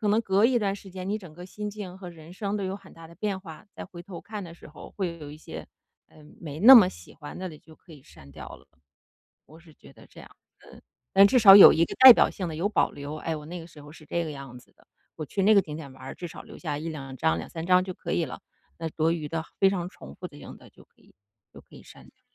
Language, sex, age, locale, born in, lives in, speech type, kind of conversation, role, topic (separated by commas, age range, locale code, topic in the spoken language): Chinese, female, 40-44, zh-CN, China, United States, conversation, advice, advisor, 我该如何处理自己对旧物的情感依附？